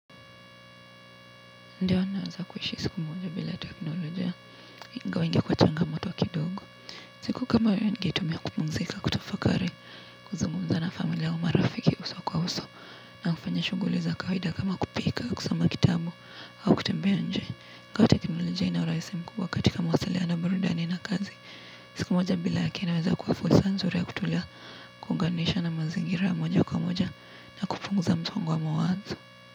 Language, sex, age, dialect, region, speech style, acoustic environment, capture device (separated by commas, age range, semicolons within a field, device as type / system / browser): Swahili, female, 18-24, Kenya - Nairobi Sheng-influenced, Kenya, tired, nature; traffic; appliances, mobile / Linux / Chrome